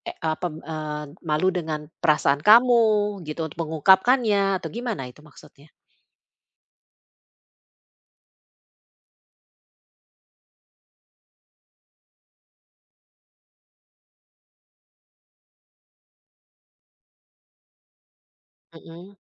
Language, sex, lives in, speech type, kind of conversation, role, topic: Indonesian, female, Netherlands, conversation, advice, advisor, Bagaimana cara meminta dukungan emosional tanpa merasa canggung?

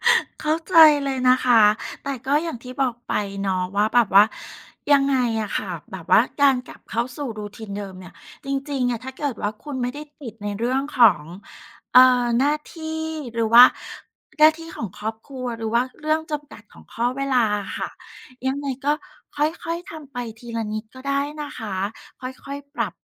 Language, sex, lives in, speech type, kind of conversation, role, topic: Thai, female, Thailand, conversation, advice, advisor, หลังกลับจากการหยุดยาว คุณปรับกิจวัตรประจำวันอย่างไรบ้าง?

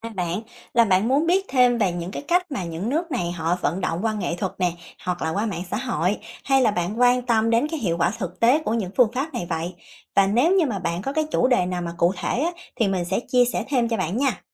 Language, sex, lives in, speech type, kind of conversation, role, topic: Vietnamese, female, Vietnam, read, expert-assistant, expert, Có cách nào mới giúp người dân hào hứng hơn với bầu cử so với các nước khác không?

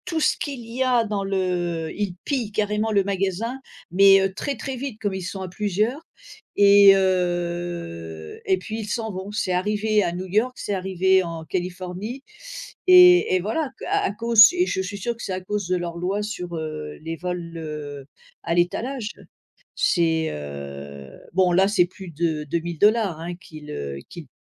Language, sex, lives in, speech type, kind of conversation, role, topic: French, female, United States, conversation, unstructured, none, Pourquoi est-il important d’avoir des lois dans un pays ?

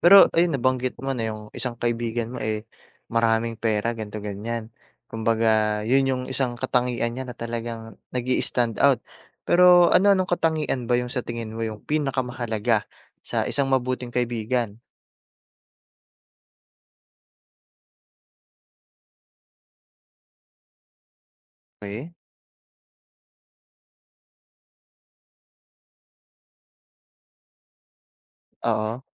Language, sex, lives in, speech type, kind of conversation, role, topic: Filipino, male, Philippines, conversation, unstructured, none, Paano mo inilalarawan ang isang mabuting kaibigan?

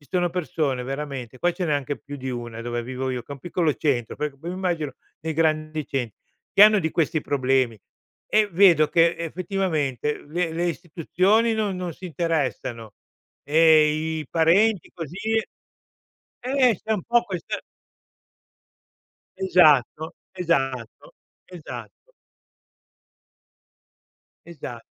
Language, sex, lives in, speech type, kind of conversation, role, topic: Italian, male, Italy, conversation, advice, user, Quali difficoltà incontri nel dire no e nello stabilire confini personali?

